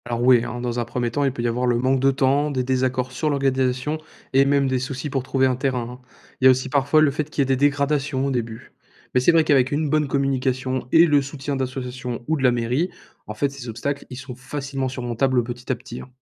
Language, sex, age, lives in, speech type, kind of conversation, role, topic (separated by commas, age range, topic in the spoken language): French, male, 20-24, France, read, expert-assistant, expert, Pourquoi parle-t-on autant d'« équilibre écologique », et qu'est-ce que ça veut dire pour la vie de tous les jours ?